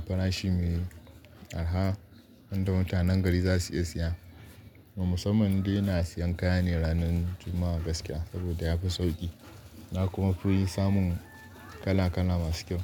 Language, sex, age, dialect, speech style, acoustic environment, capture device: Hausa, male, 25-34, Nigeria - Kano, happy; focused, nature, desktop / Linux / Chrome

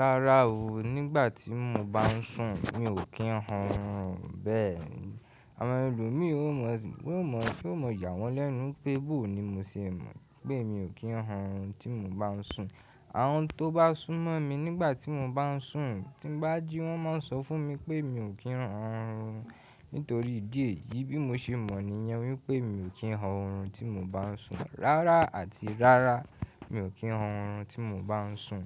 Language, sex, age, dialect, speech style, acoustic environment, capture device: Yoruba, male, 18-24, Nigeria - Ibadan, happy, people talking, mobile / Linux / Chrome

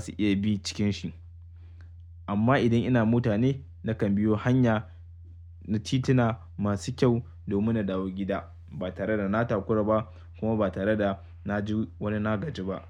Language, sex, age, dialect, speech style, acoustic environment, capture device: Hausa, male, 25-34, Nigeria - Kano, happy, silence, mobile / Linux / Chrome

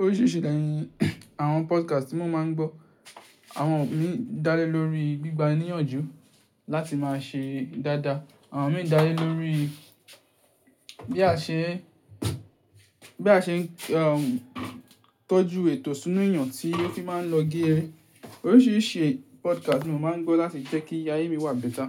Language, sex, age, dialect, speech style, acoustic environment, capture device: Yoruba, male, 18-24, Nigeria - Ibadan, stressed, silence, mobile / Linux / Chrome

